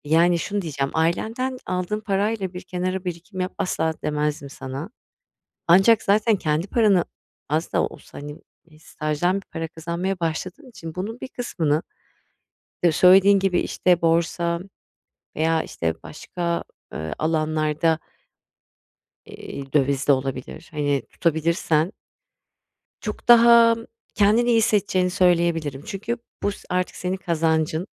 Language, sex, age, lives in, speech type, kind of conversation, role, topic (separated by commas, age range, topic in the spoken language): Turkish, female, 40-44, Spain, conversation, advice, advisor, Anlık keyiflerimle uzun vadeli birikim hedeflerim arasında nasıl denge kurabilirim?